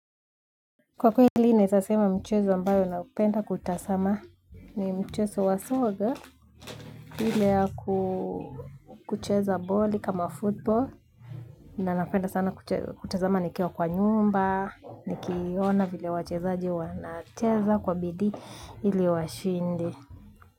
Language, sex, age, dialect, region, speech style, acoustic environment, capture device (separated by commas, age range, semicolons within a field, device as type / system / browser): Swahili, female, 25-34, Kenya - Nairobi Sheng-influenced, Kenya, relaxed, nature, mobile / Linux / Chrome